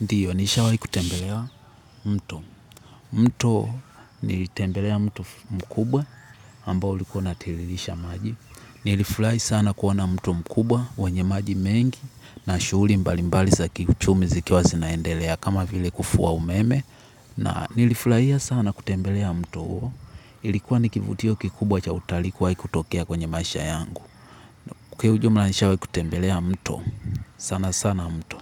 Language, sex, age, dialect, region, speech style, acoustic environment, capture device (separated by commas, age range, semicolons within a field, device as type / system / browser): Swahili, male, 18-24, Tanzania - Dar es Salaam, Tanzania, happy; relaxed; focused; excited, people talking; nature, mobile / Linux / Chrome